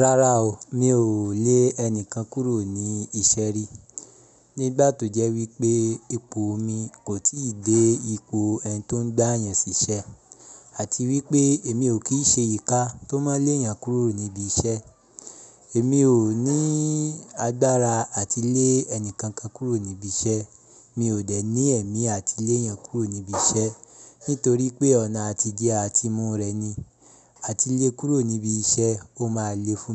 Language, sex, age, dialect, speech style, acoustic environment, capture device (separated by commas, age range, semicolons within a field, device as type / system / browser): Yoruba, male, 25-34, Nigeria - Ibadan, happy; relaxed; focused; excited, silence, mobile / Linux / Chrome